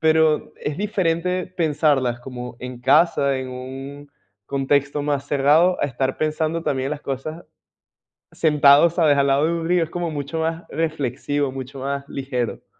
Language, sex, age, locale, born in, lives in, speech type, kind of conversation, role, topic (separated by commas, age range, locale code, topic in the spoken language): Spanish, male, 20-24, es-VE, Venezuela, Portugal, conversation, podcast, guest, ¿Cómo te hace sentir conectar con el paisaje cuando necesitas calma?